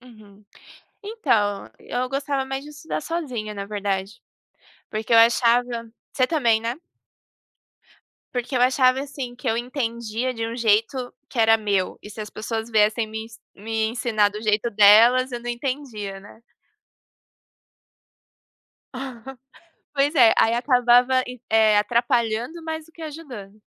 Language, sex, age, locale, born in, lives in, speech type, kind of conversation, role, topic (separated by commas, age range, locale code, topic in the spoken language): Portuguese, female, 25-29, pt-PT, Brazil, Germany, conversation, unstructured, none, O que você mais gosta na escola?